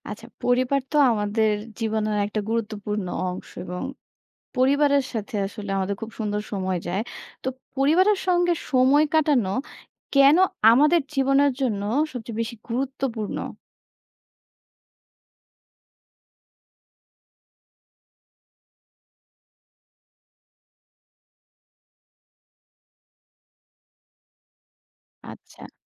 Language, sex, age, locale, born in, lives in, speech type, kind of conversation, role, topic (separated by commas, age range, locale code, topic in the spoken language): Bengali, female, 30-34, bn-BD, Bangladesh, Bangladesh, read, expert-assistant, asker, পরিবারের সঙ্গে সময় কাটানোর গুরুত্ব